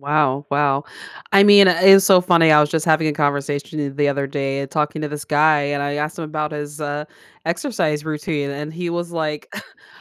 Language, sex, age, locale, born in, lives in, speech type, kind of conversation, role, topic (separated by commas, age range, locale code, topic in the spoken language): English, female, 35-39, en-US, United States, United States, conversation, podcast, host, How do you balance physical and mental well-being in your daily life?